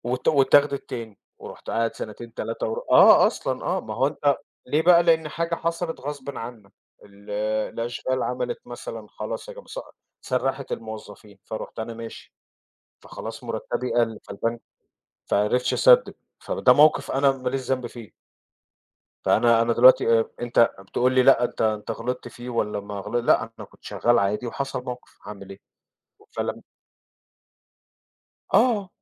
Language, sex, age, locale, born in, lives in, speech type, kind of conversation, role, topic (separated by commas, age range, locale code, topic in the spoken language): Arabic, male, 40-44, ar-EG, Egypt, United States, conversation, podcast, guest, إيه أهم درس اتعلمته برا المدرسة وليه؟